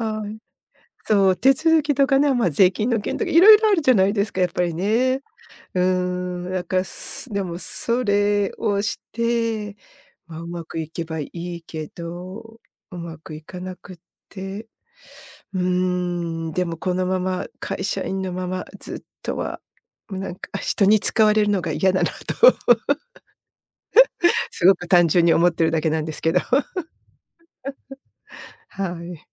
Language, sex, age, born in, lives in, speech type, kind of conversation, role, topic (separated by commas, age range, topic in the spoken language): Japanese, female, 55-59, Japan, United States, conversation, advice, user, スタートアップの不確実性に不安があるとき、どうすれば落ち着いて決断できますか？